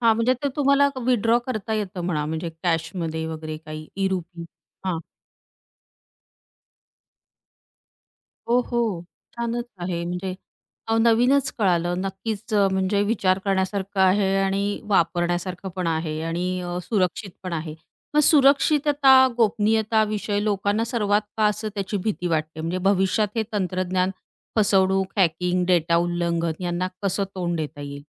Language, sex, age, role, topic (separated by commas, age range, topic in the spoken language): Marathi, female, 35-39, host, भविष्यातील तंत्रज्ञानामुळे पैसे भरण्याची पद्धत कशी बदलेल?